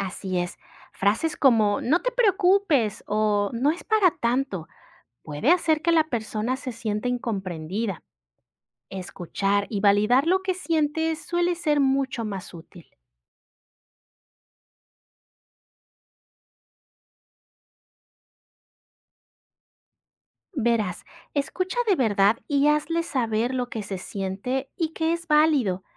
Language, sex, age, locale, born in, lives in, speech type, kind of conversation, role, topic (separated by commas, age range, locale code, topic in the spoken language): Spanish, female, 35-39, es-MX, Mexico, Mexico, read, expert-assistant, expert, ¿Cómo puedo apoyar a mi hijo adolescente con ansiedad?